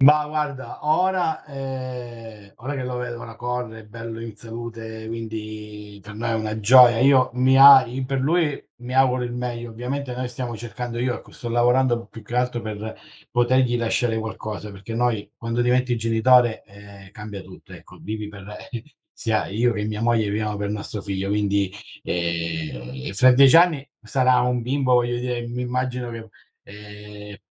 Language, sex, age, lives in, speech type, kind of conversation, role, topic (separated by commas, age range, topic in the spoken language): Italian, male, 40-44, Italy, conversation, unstructured, none, Come immagini la tua vita tra dieci anni?